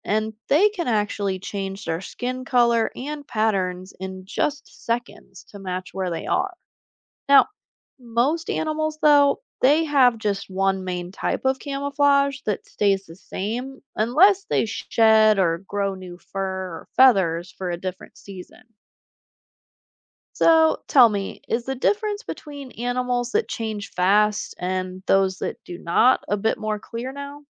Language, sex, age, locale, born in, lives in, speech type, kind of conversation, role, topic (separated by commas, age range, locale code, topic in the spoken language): English, female, 25-29, en-US, United States, United States, read, expert-assistant, expert, Why do some animals have camouflage?